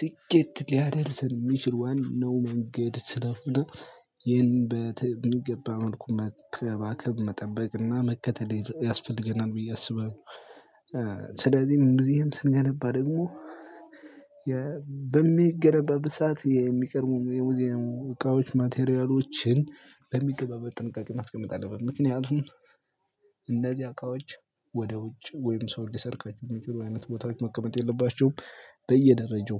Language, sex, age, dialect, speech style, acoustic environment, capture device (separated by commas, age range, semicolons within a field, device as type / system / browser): Amharic, male, 25-34, Ethiopia - Gojjam, happy, nature; silence, mobile / Linux / Chrome